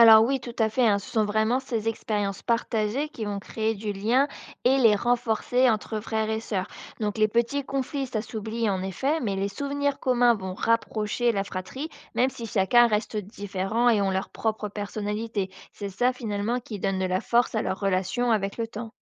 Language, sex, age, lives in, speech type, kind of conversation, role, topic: French, female, 25-29, France, read, expert-assistant, expert, D’où vient l’envie des enfants de tester les limites, comme dire non ou désobéir ?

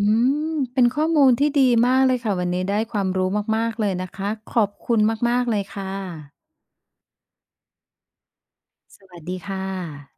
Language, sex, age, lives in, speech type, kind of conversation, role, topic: Thai, female, 50-54, Thailand, read, expert-assistant, asker, พยาบาลช่วยให้ผู้ป่วยฟื้นตัวเร็วขึ้นได้อย่างไรบ้าง? มีกระบวนการหรือวิธีดูแลอะไรที่น่าสนใจบ้าง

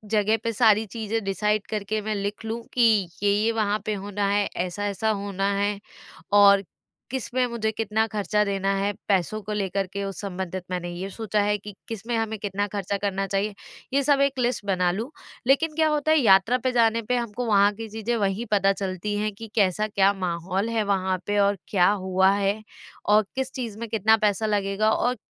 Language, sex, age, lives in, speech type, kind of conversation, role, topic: Hindi, female, 25-29, India, conversation, advice, user, यात्रा के दौरान अनिश्चितता और चिंता को कैसे कम किया जा सकता है?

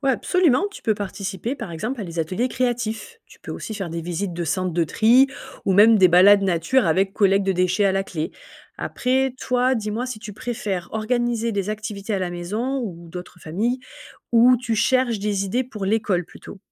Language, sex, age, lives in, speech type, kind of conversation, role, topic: French, female, 35-39, France, read, expert-assistant, expert, Quelles astuces peut-on utiliser pour réduire les déchets plastiques qui finissent dans la mer ?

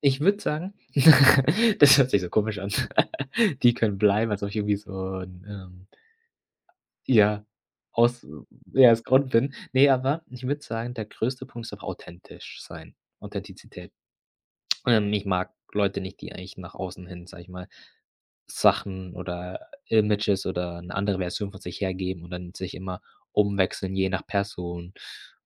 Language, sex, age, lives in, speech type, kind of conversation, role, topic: German, male, 25-29, Germany, conversation, podcast, guest, Wie gehst du mit dem Gefühl der Einsamkeit um?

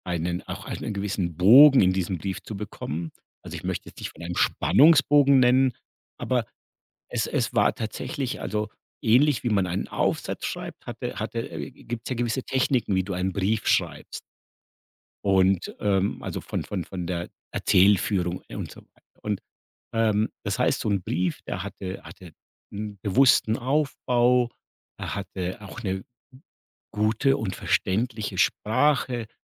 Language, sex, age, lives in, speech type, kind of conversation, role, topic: German, male, 50-54, Germany, conversation, podcast, guest, Fühlst du dich durch Technik eher verbunden oder isoliert?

